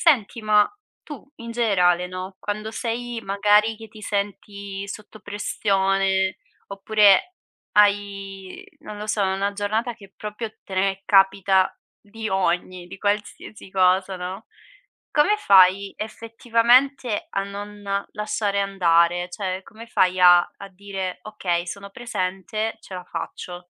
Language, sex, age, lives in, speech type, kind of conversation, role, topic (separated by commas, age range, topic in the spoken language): Italian, female, 25-29, Italy, conversation, podcast, host, Come costruisci la tua resilienza giorno per giorno?